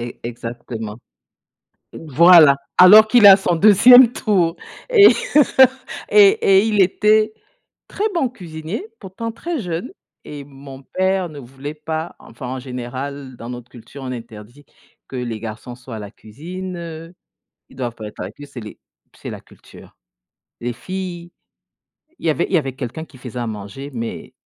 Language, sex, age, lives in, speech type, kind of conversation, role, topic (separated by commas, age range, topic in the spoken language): French, female, 50-54, United States, conversation, unstructured, none, Quel est ton meilleur souvenir avec ta famille ?